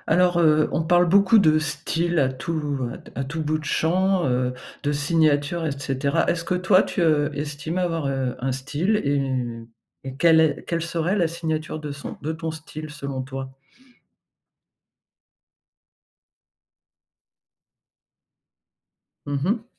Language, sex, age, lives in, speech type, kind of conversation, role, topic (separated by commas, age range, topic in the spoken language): French, female, 55-59, France, conversation, podcast, host, Quelle est, selon toi, la signature de ton style ?